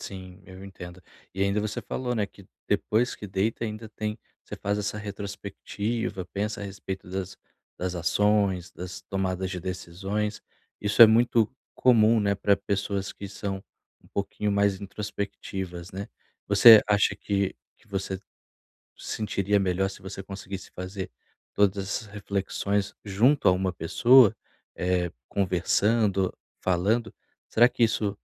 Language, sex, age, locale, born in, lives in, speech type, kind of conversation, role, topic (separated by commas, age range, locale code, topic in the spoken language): Portuguese, male, 30-34, pt-BR, Brazil, Portugal, conversation, advice, advisor, Como posso desacelerar a mente antes de dormir?